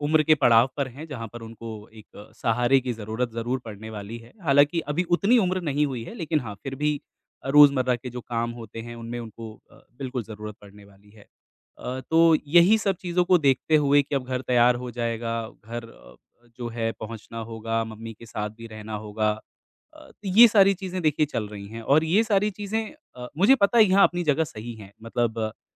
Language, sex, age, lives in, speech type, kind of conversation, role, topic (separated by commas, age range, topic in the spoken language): Hindi, male, 25-29, India, conversation, advice, user, आपको नगर या देश बदलकर जाने और नया जीवन शुरू करने को लेकर किस बात का सबसे ज़्यादा डर है?